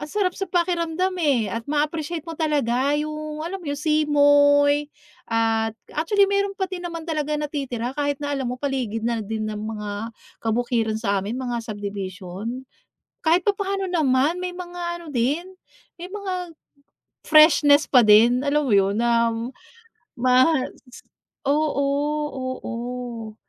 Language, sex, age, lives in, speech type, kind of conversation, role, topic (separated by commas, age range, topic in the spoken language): Filipino, female, 40-44, United States, conversation, podcast, host, Ano ang unang aral na natutunan mo mula sa kalikasan?